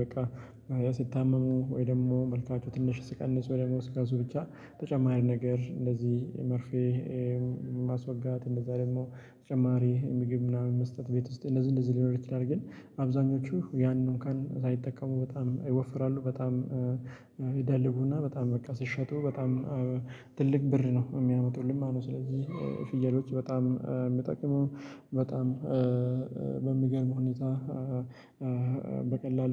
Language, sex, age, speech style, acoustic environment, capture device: Amharic, male, 25-34, happy, silence, mobile / Linux / Chrome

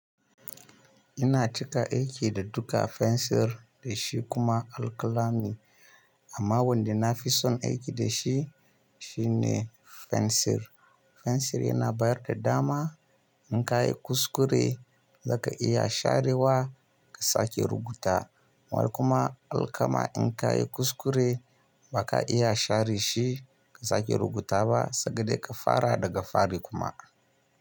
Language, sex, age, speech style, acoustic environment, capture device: Hausa, male, 25-34, happy; relaxed, silence; nature, mobile / Linux / Chrome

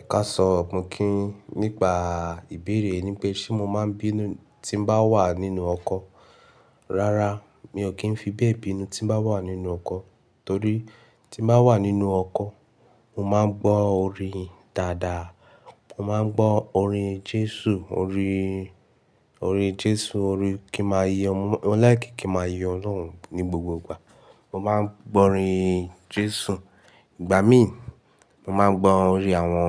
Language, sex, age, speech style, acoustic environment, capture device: Yoruba, male, 18-24, relaxed, silence, mobile / Linux / Chrome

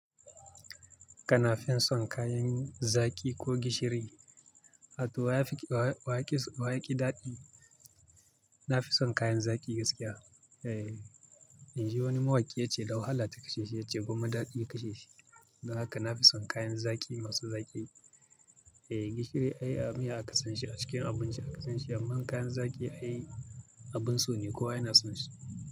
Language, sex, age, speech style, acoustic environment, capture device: Hausa, male, 25-34, focused, nature; traffic, mobile / Linux / Chrome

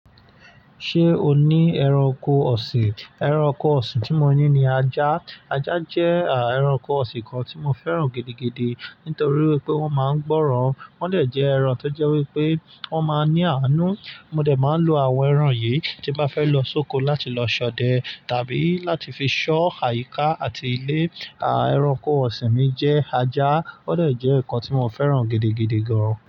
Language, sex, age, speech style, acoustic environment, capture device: Yoruba, male, 25-34, relaxed, nature, mobile / Linux / Chrome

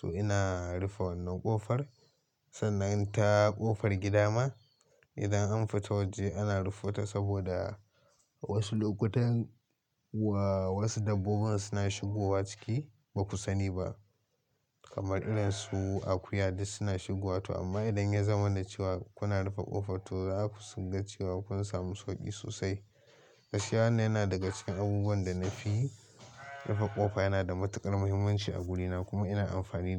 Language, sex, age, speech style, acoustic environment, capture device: Hausa, male, 18-24, focused; excited, silence, mobile / Linux / Chrome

